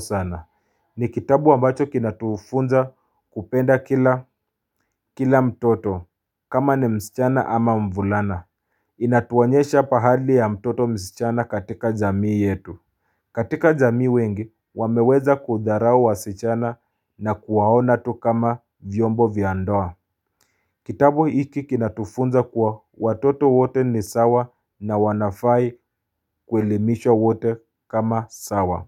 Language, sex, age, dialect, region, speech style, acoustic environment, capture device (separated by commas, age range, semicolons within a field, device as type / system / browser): Swahili, male, 25-34, Kenya - Mombasa, Kenya, relaxed, silence, mobile / Linux / Chrome